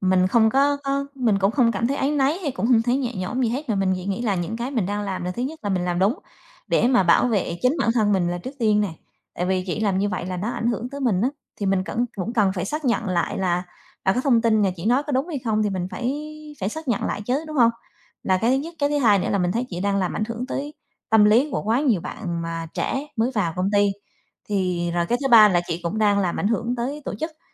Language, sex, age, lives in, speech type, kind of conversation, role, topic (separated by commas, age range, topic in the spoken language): Vietnamese, female, 35-39, Vietnam, conversation, podcast, guest, Bạn từng có lần nào dám nói thẳng sự thật với sếp hoặc thầy cô chưa?